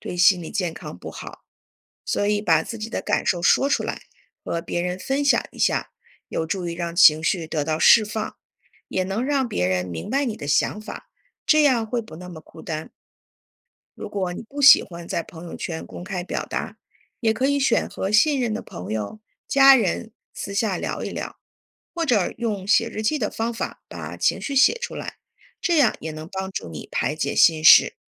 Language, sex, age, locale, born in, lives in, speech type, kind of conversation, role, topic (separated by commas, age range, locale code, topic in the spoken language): Chinese, female, 40-44, zh-CN, China, United States, read, expert-assistant, expert, 为什么有些人喜欢分享自己的生活？